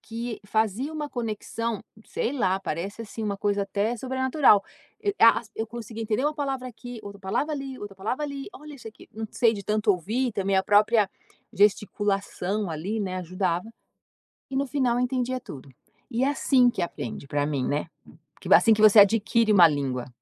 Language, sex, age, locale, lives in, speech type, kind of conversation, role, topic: Portuguese, female, 50-54, pt-BR, United States, conversation, podcast, guest, Como você ensina sua língua para a próxima geração?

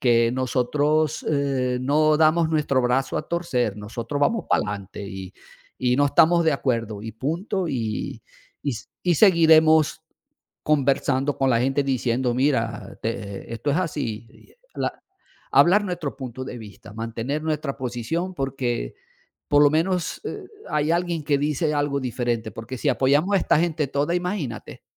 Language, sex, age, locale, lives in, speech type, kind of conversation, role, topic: Spanish, male, 55-59, es-VE, Portugal, conversation, unstructured, none, ¿Cómo afecta la desigualdad social a la convivencia diaria?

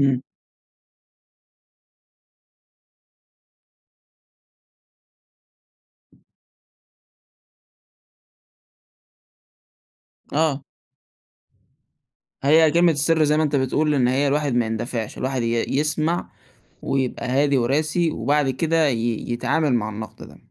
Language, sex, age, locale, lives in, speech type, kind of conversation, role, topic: Arabic, male, 20-24, ar-EG, Egypt, conversation, podcast, host, إزاي بتتعامل مع النقد اللي بيتقالك؟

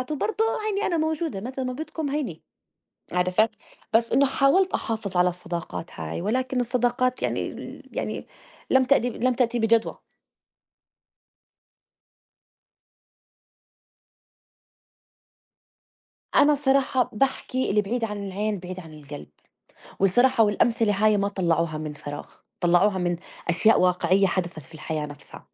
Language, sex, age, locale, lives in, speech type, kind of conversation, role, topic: Arabic, female, 35-39, ar-JO, United States, conversation, unstructured, none, لو تقدر تمسح ذكرى واحدة من الماضي، هتختار إيه وليه؟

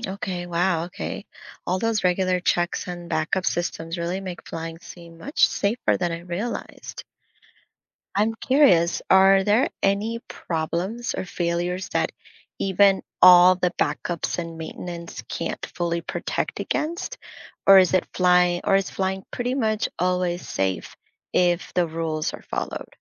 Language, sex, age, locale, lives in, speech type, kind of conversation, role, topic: English, female, 30-34, en-US, United States, read, expert-assistant, asker, How do airplanes stay in the air and what makes them fly?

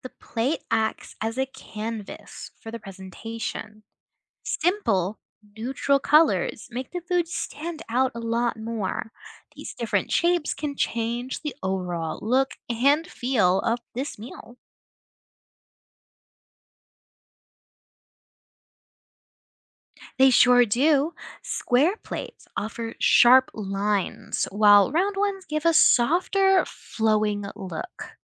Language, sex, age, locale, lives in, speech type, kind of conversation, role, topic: English, other, 20-24, en-US, United States, read, expert-assistant, expert, What techniques do chefs use to make food visually appealing?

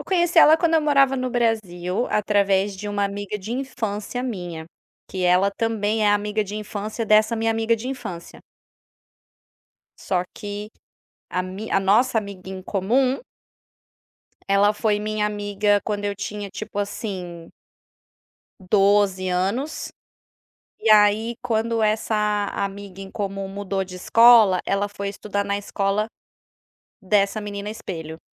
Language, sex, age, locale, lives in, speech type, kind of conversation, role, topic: Portuguese, female, 30-34, pt-BR, Spain, conversation, advice, user, Como lidar com o choque emocional após um término recente sem saber como seguir?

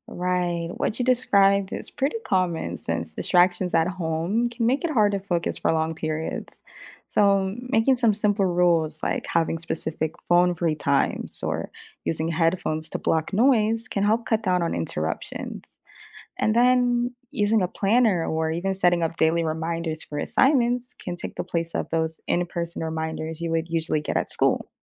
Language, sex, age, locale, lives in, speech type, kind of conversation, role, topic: English, female, 25-29, en-US, United States, read, expert-assistant, expert, What are the pros and cons of online learning?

